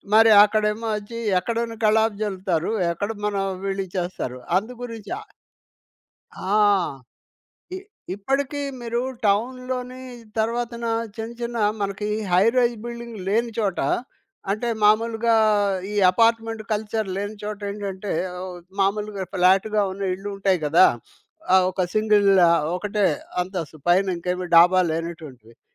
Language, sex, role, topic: Telugu, male, guest, పండుగలు, రీతిరివాజులు మీ కథలలో ఎలా ప్రతిబింబిస్తాయి?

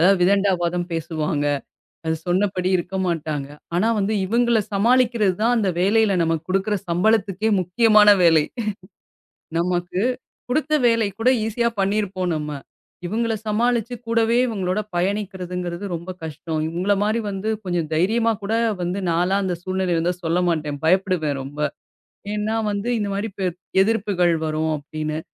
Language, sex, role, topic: Tamil, female, host, மன்னிப்பு பற்றி உங்கள் கருத்து என்ன, அதை எப்படி கற்றுக்கொண்டீர்கள்?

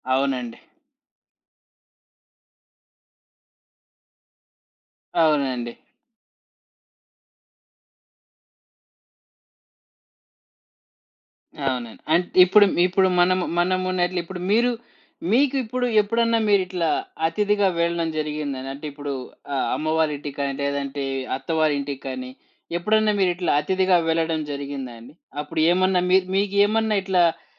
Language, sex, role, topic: Telugu, male, host, అతిథుల కోసం ఇంటిని సిద్ధం చేసుకోవడానికి మీరు పాటించే సులభమైన చిట్కాలు ఏమిటి?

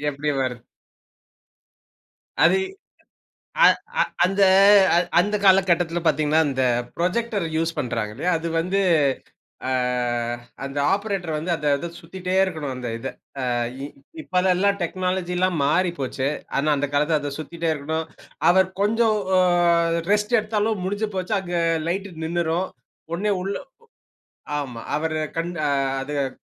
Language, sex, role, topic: Tamil, male, host, நீங்கள் முதன்முதலாக சினிமா அரங்கத்துக்குப் போன அனுபவத்தை கதைசொல்லி சொல்ல முடியுமா?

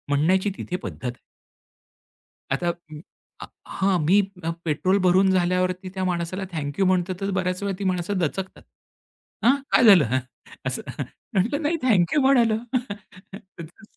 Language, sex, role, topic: Marathi, male, guest, परस्पर आदर टिकवून ठेवण्यासाठी कोणते सोपे नियम पाळायला हवेत?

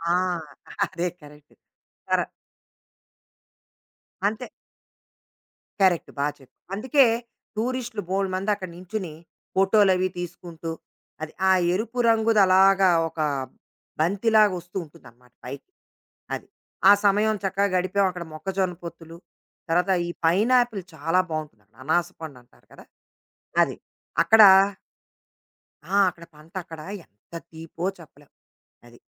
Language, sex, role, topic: Telugu, female, guest, ఒకసారి మీరు పూర్తిగా ప్రణాళికను పక్కనపెట్టి ప్రయాణించిన అనుభవాన్ని చెప్పగలరా?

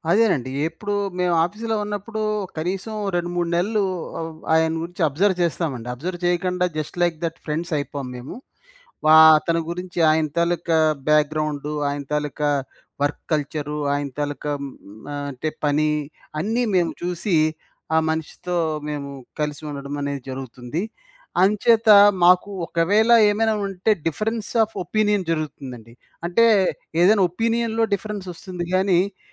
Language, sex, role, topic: Telugu, male, guest, నమ్మకం కోల్పోయిన తర్వాత దానిని మళ్లీ తిరిగి సంపాదించుకోవడం సాధ్యమేనా?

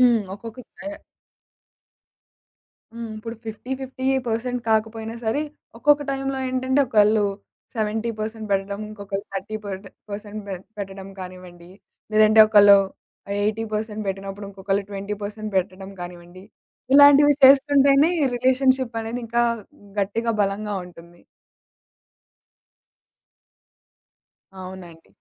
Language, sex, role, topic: Telugu, female, host, మీకు ప్రేమగా ఎక్కువగా అనిపించేది మాటల వల్లనా, పనుల వల్లనా?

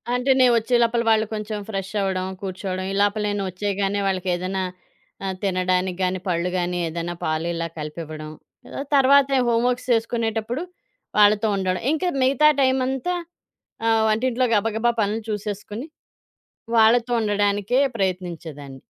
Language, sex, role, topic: Telugu, female, guest, మీరు ఎప్పుడైనా రిస్క్ తీసుకుని ఆశ్చర్యకరమైన ఫలితం పొందారా?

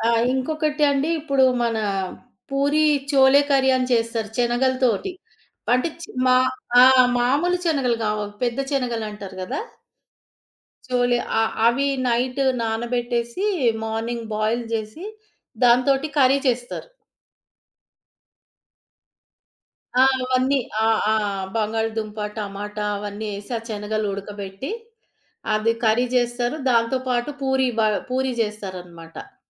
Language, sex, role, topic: Telugu, female, guest, మీ అమ్మగారి వంటల్లో ప్రత్యేకంగా గుర్తుండిపోయే రుచి ఏది?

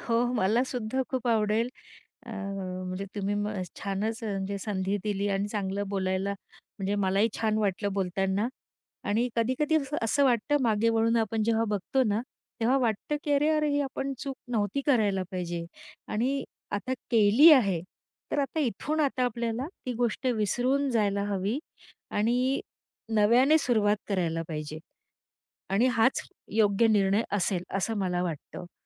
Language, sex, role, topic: Marathi, female, guest, तुम्ही कधी स्वतःच्या चुका मान्य करून पुन्हा नव्याने सुरुवात केली आहे का?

